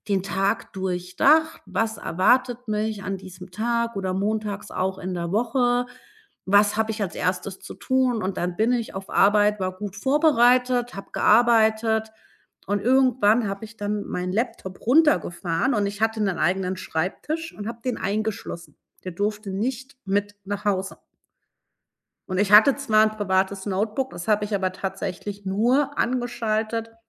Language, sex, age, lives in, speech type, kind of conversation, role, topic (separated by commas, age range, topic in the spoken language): German, female, 40-44, Germany, conversation, podcast, guest, Wie schaffst du klare Grenzen zwischen Arbeit und Freizeit zu Hause?